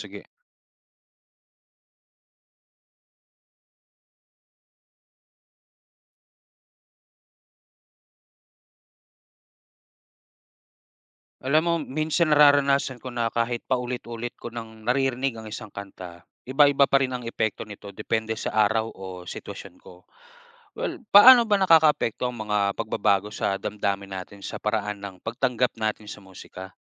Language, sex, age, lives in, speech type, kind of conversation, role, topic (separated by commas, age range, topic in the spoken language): Filipino, male, 30-34, Philippines, read, expert-assistant, asker, Paano nakakatulong ang musika sa pagpapahayag ng damdamin?